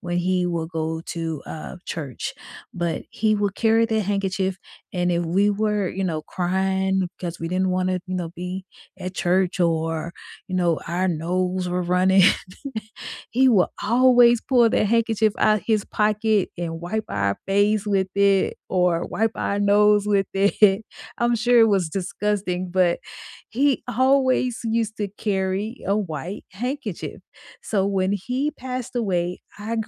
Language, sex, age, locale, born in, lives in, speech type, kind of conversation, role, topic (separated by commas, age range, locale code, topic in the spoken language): English, female, 45-49, en-US, United States, United States, conversation, unstructured, none, Which keepsake do you treasure most, and what story does it hold for you?